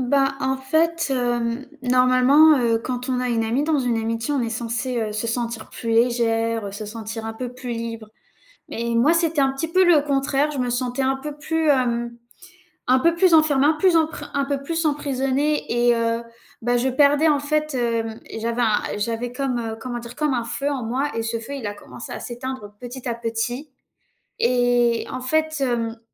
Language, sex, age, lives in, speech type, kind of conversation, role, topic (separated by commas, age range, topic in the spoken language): French, female, 18-19, France, conversation, unstructured, none, Comment savoir si une relation est toxique ?